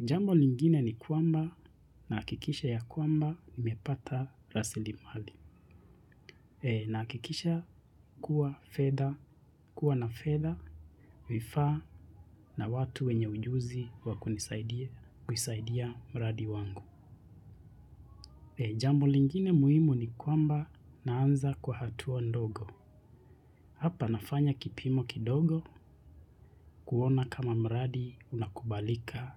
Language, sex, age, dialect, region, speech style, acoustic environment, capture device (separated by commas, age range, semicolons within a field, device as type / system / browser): Swahili, male, 25-34, Kenya - Nairobi Sheng-influenced, Kenya, relaxed; excited, silence, mobile / Linux / Chrome